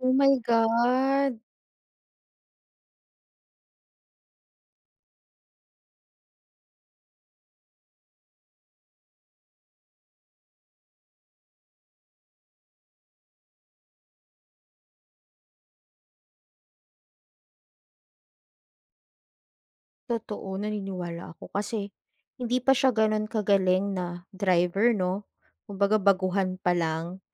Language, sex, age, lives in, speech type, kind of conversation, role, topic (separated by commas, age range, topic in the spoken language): Filipino, female, 35-39, Philippines, conversation, podcast, host, May kuwento ka ba tungkol sa pagkakataong sinunod mo ang kutob mo?